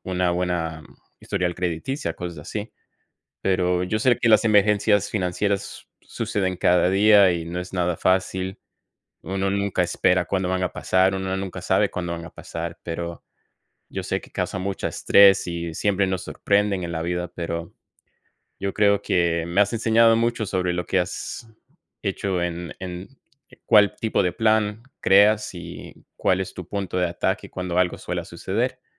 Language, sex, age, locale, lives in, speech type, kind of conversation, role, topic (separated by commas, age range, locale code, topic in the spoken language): Spanish, male, 30-34, es-CO, United States, conversation, unstructured, none, ¿Cómo te preparas para enfrentar gastos inesperados?